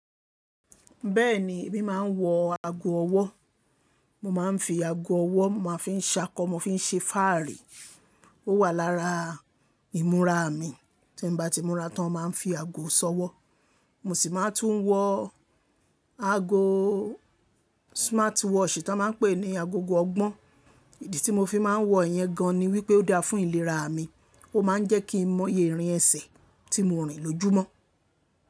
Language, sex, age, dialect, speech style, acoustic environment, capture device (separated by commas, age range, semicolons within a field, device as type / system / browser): Yoruba, female, 25-34, Nigeria - Lagos Yoruba, relaxed, silence, mobile / Linux / Chrome